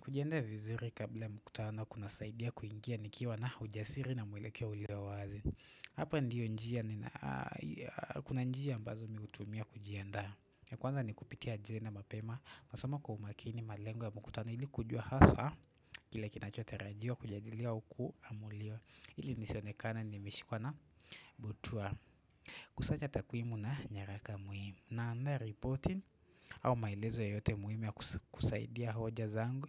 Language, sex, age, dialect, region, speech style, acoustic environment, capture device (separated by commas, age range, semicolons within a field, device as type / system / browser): Swahili, male, 18-24, Kenya - Nairobi Sheng-influenced, Kenya, relaxed; focused; nervous, silence; nature, desktop / Windows / Chrome